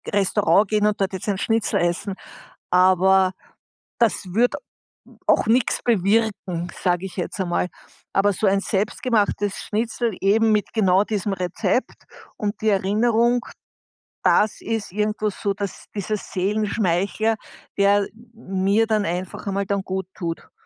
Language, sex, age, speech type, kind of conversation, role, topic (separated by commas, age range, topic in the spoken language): German, female, 60-64, conversation, podcast, guest, Welches Gericht tröstet dich, wenn es dir schlecht geht?